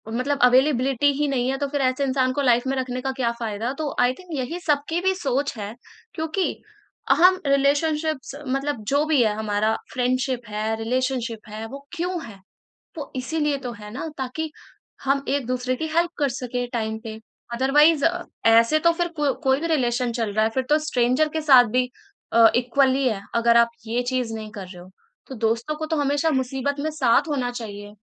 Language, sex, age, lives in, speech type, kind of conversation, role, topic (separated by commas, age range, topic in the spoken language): Hindi, female, 20-24, India, conversation, podcast, guest, Delayed replies ya ghosting se relationships kaise bigadte hain?